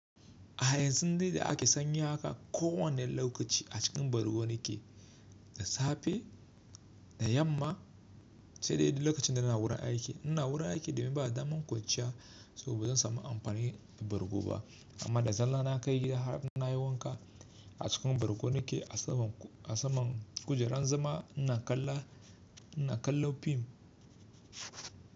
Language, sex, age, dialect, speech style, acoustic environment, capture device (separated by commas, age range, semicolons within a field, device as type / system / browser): Hausa, male, 25-34, Nigeria - Kano, focused, appliances, mobile / Linux / Chrome